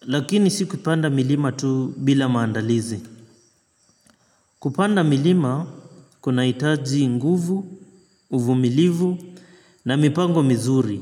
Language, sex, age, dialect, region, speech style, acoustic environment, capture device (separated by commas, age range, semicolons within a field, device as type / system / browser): Swahili, male, 25-34, Kenya - Mombasa, Kenya, relaxed, nature, mobile / Linux / Chrome